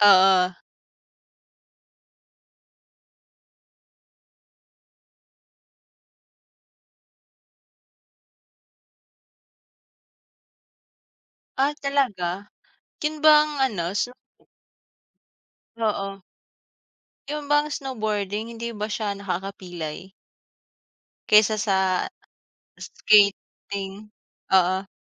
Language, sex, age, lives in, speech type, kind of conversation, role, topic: Filipino, female, 25-29, Philippines, conversation, unstructured, none, Anong libangan ang gusto mong subukan pero hindi mo pa nasusubukan?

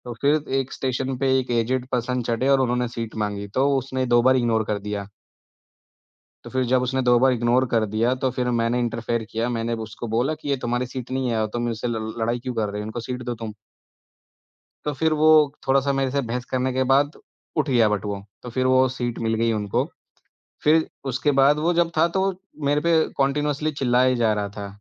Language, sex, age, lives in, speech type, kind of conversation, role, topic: Hindi, male, 30-34, India, conversation, podcast, guest, Criticism milne par tum aam taur par kaise react karte ho?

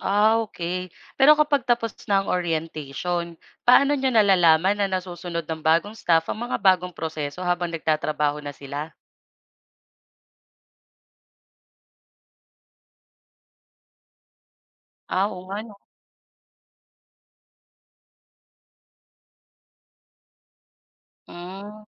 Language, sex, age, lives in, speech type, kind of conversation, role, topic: Filipino, female, 25-29, Philippines, read, expert-assistant, asker, Paano natututo ang mga doktor ng bagong kaalaman mula sa kanilang mga karanasan sa ospital o klinika?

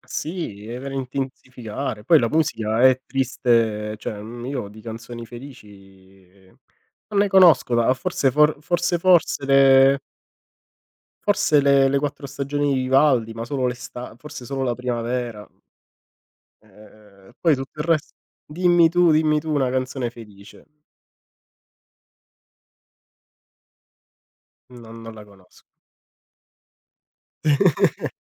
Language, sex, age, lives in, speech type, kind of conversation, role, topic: Italian, male, 30-34, Italy, conversation, unstructured, none, Come scegli i tuoi hobby per arricchire la tua vita?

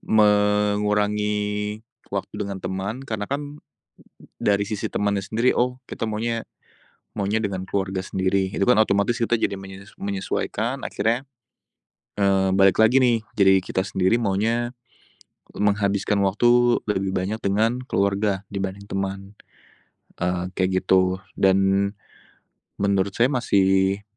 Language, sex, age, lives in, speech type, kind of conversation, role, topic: Indonesian, male, 25-29, Indonesia, conversation, unstructured, none, Bagaimana Anda memutuskan apakah akan menghabiskan waktu dengan teman atau keluarga?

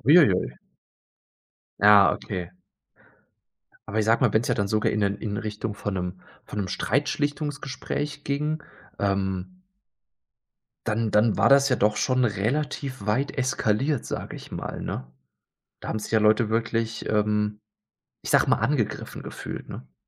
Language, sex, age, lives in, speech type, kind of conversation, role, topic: German, male, 30-34, Germany, conversation, podcast, host, Was führt deiner Erfahrung nach zu E‑Mail‑Missverständnissen?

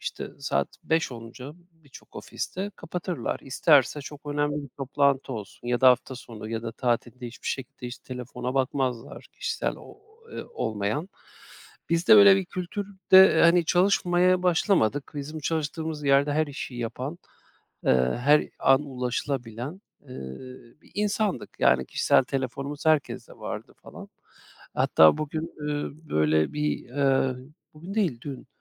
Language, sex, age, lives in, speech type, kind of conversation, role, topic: Turkish, male, 40-44, Austria, conversation, advice, user, İşle ev hayatı arasındaki zamanı nasıl dengeleyebilirim?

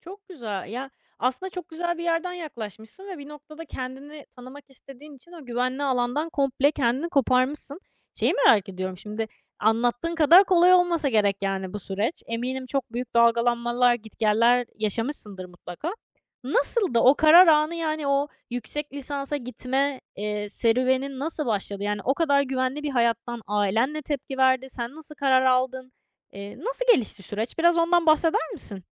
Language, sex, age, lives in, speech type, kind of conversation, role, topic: Turkish, female, 20-24, France, conversation, podcast, host, Başarı ile tatmin arasındaki farkı nasıl açıklarsın?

